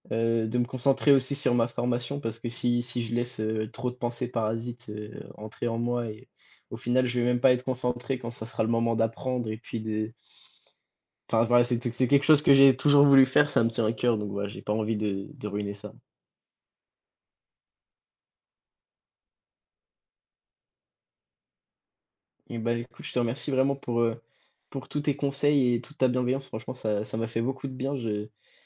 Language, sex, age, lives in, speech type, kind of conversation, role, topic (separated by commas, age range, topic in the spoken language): French, male, 18-19, France, conversation, advice, user, Envisagez-vous de déménager pour une opportunité professionnelle, et dans quelles conditions ?